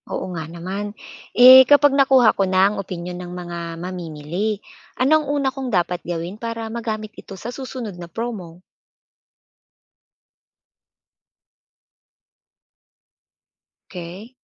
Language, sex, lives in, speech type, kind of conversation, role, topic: Filipino, female, Philippines, read, expert-assistant, asker, Sa dami ng puwedeng gawin para makilala ang negosyo, paano mo malalaman kung alin ang pinaka-dapat pagtuunan ng pansin?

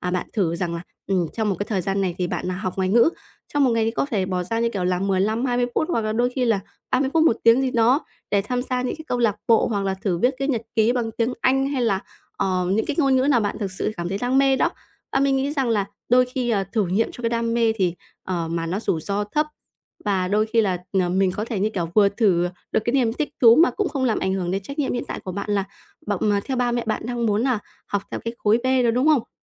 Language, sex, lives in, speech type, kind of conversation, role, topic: Vietnamese, female, Vietnam, conversation, advice, advisor, Làm thế nào để học theo đam mê của mình thay vì chạy theo kỳ vọng của người khác?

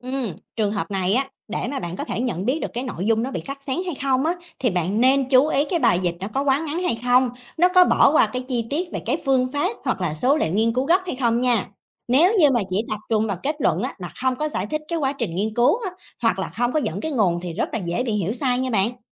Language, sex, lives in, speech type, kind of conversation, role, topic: Vietnamese, female, Vietnam, read, expert-assistant, expert, Bạn thường dựa vào đâu để biết thông tin về tâm lý xã hội là đáng tin cậy?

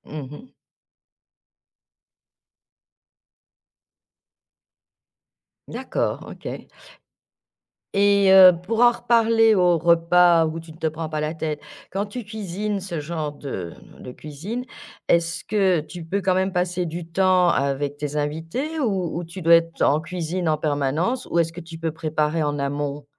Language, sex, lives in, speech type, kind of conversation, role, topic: French, female, France, conversation, podcast, host, Qu'est-ce que tu cuisines pour impressionner sans te prendre la tête ?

